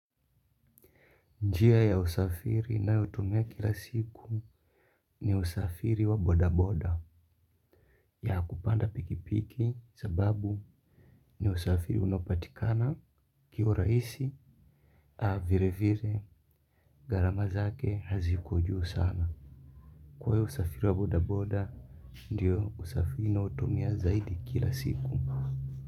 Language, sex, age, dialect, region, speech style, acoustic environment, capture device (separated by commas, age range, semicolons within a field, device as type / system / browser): Swahili, male, 25-34, Kenya - Mombasa, Kenya, relaxed, silence, mobile / Linux / Chrome